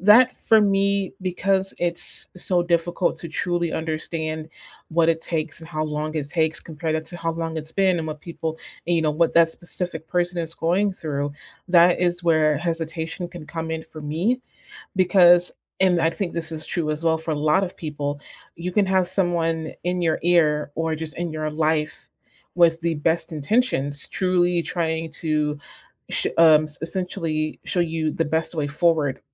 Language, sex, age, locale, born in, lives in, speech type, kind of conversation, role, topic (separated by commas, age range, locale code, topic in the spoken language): English, female, 25-29, en-US, United States, United States, conversation, unstructured, none, Is it okay to persuade someone for their own good?